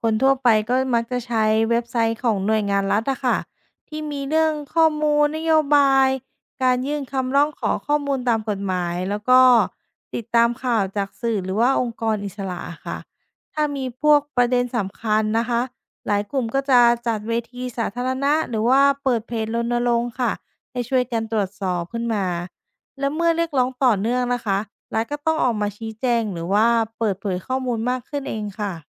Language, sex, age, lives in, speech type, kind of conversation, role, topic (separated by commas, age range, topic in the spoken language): Thai, female, 30-34, Thailand, read, expert-assistant, expert, เวลาออกนโยบายที่กระทบชีวิตคนจำนวนมาก มีวิธีไหนที่ช่วยให้ตัดสินใจได้ไม่พลาด?